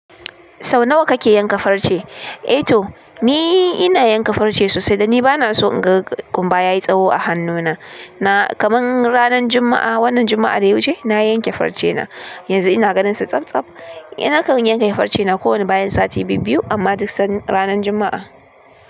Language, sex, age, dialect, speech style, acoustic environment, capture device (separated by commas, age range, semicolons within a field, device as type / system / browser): Hausa, female, 25-34, Nigeria - Kano, tired; nervous, music; appliances, desktop / Linux / Chrome